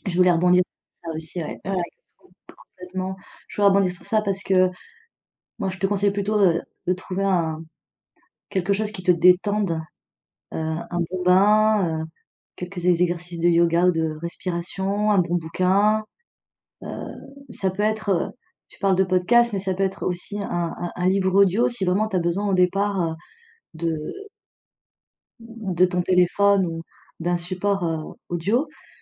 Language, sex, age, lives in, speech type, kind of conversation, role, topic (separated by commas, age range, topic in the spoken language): French, female, 40-44, France, conversation, advice, advisor, Quelles difficultés rencontres-tu pour t’endormir à cause du stress et de pensées répétitives ?